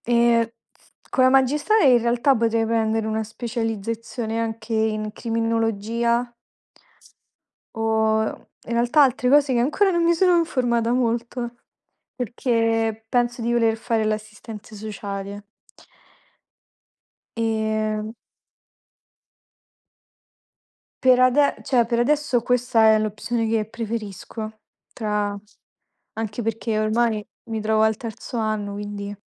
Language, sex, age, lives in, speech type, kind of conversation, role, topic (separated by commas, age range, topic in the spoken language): Italian, female, 20-24, Italy, conversation, unstructured, none, Come immagini la tua vita fra dieci anni?